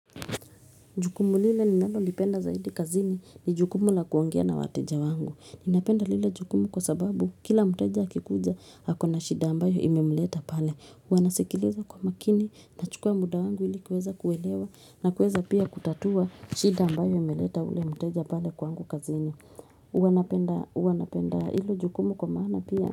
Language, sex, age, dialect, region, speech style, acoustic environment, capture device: Swahili, female, 25-34, Kenya - Nairobi Sheng-influenced, Kenya, relaxed, silence, mobile / Linux / Chrome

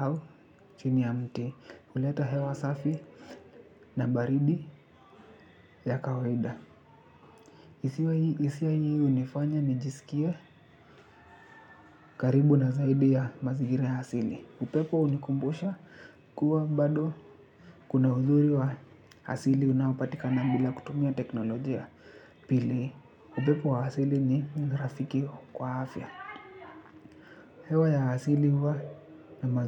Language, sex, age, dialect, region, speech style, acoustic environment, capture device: Swahili, male, 25-34, Kenya - Nairobi Sheng-influenced, Kenya, happy, nature, desktop / Linux / Chrome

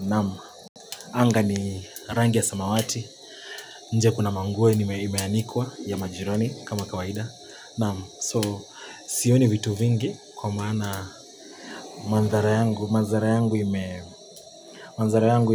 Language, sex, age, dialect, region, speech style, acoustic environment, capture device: Swahili, male, 25-34, Kenya - Nairobi Sheng-influenced, Kenya, focused, silence, mobile / Linux / Chrome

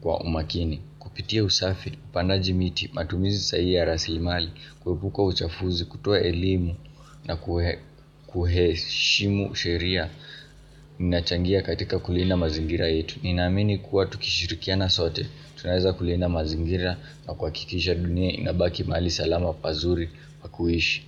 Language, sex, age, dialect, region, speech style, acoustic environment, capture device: Swahili, male, 18-24, Kenya - Nairobi Sheng-influenced, Kenya, happy, silence, mobile / Linux / Chrome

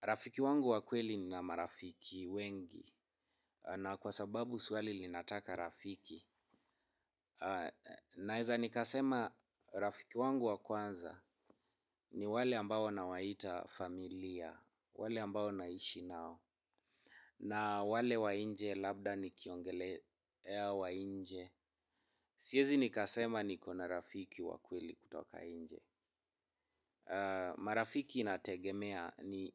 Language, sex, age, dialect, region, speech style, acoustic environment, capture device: Swahili, male, 25-34, Kenya - Nairobi Sheng-influenced, Kenya, happy, traffic, mobile / Linux / Chrome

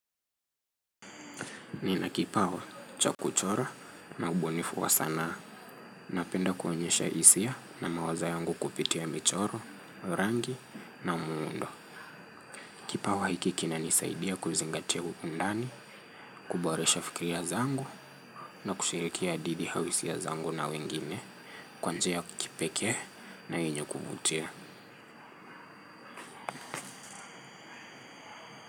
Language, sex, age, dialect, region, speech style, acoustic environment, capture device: Swahili, male, 25-34, Kenya - Nairobi Sheng-influenced, Kenya, focused, traffic; nature; music; people talking, mobile / Linux / Chrome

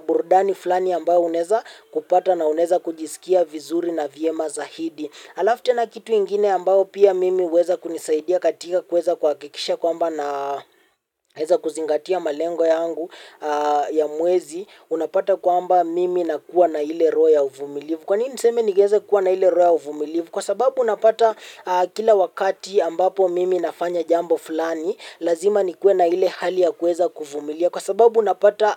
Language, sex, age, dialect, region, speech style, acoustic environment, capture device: Swahili, male, 18-24, Kenya - Mombasa, Kenya, happy, silence, mobile / Linux / Chrome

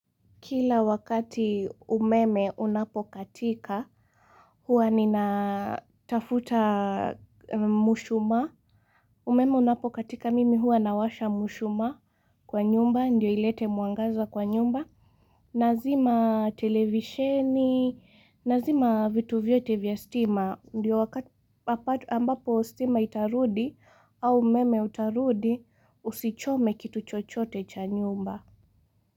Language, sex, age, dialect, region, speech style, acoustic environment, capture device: Swahili, female, 18-24, Kenya - Mombasa, Kenya, focused, silence, mobile / Linux / Chrome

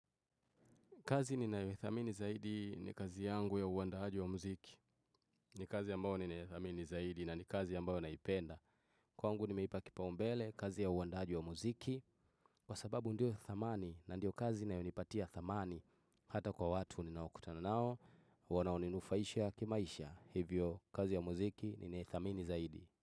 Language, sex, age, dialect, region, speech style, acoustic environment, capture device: Swahili, male, 25-34, Tanzania - Dar es Salaam, Tanzania, focused, nature, desktop / Windows / Chrome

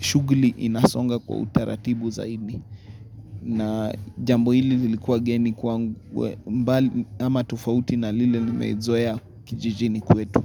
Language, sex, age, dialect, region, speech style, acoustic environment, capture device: Swahili, male, 25-34, Kenya - Nairobi Sheng-influenced, Kenya, excited; happy, silence, mobile / Linux / Chrome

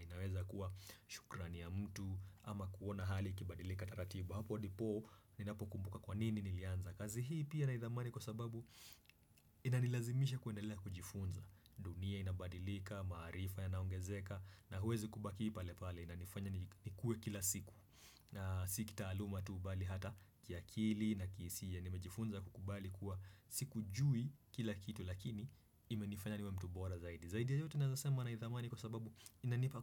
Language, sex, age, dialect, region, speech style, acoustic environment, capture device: Swahili, male, 25-34, Kenya - Nairobi Sheng-influenced, Kenya, happy; relaxed; excited; focused, silence; nature, desktop / Windows / Chrome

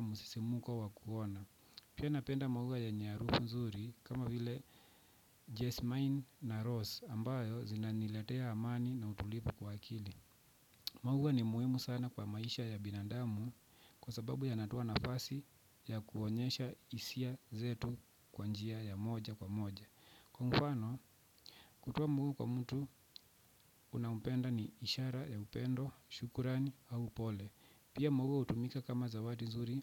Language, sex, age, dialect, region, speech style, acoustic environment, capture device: Swahili, male, 25-34, Kenya - Nairobi Sheng-influenced, Kenya, relaxed, silence, desktop / Windows / Chrome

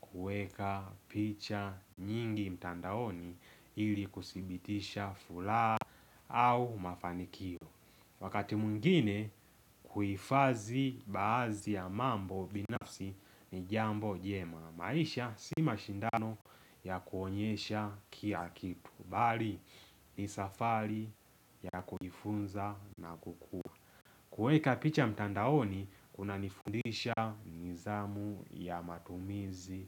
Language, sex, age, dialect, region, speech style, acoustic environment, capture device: Swahili, male, 18-24, Tanzania - Dar es Salaam, Tanzania, excited; focused; happy; relaxed, nature, mobile / Linux / Chrome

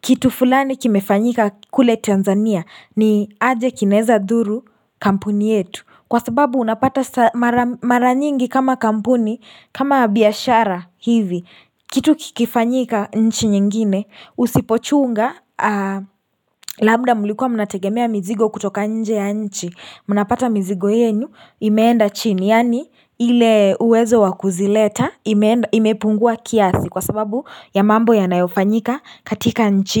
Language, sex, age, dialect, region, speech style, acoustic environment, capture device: Swahili, female, 18-24, Kenya - Nairobi Sheng-influenced, Kenya, happy; relaxed; excited; focused, silence, mobile / Linux / Chrome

